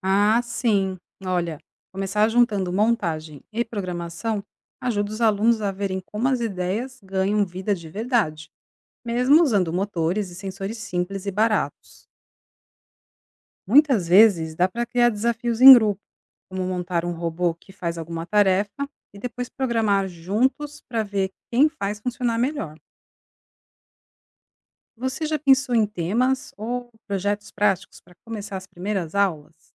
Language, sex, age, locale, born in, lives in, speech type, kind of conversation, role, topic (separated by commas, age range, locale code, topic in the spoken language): Portuguese, female, 45-49, pt-BR, Brazil, Italy, read, expert-assistant, expert, Como a robótica pode transformar a educação